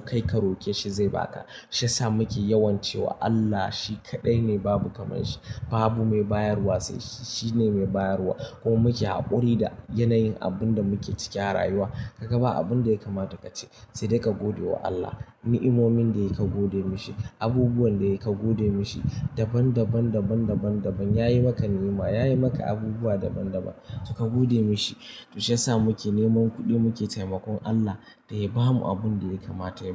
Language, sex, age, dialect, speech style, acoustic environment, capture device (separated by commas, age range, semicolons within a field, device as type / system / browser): Hausa, male, 18-24, Nigeria - Kano, anxious, silence, mobile / Linux / Chrome